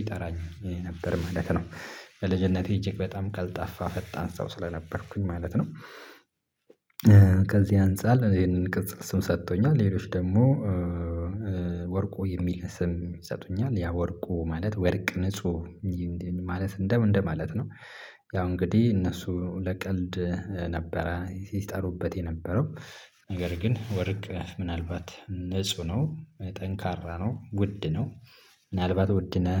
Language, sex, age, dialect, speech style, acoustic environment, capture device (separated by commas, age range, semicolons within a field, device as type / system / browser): Amharic, male, 25-34, Ethiopia - Addis Ababa, happy; relaxed, silence, mobile / Linux / Chrome